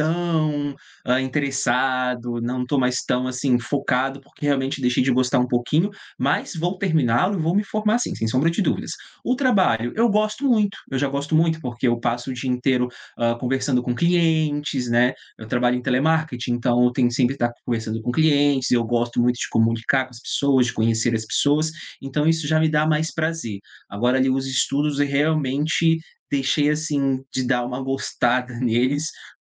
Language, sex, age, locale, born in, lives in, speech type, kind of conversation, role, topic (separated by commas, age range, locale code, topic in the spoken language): Portuguese, male, 30-34, pt-BR, Brazil, Portugal, conversation, advice, user, Como você lida com a procrastinação em tarefas importantes que lhe causam ansiedade?